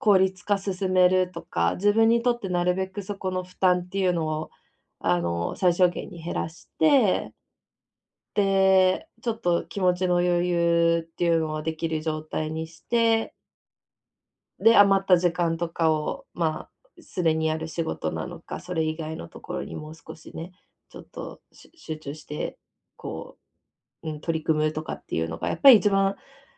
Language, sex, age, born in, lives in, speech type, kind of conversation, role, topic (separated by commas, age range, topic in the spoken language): Japanese, female, 25-29, Japan, Japan, conversation, advice, user, 無意味に感じる仕事の中で、どうすれば目的や意味を見いだせますか？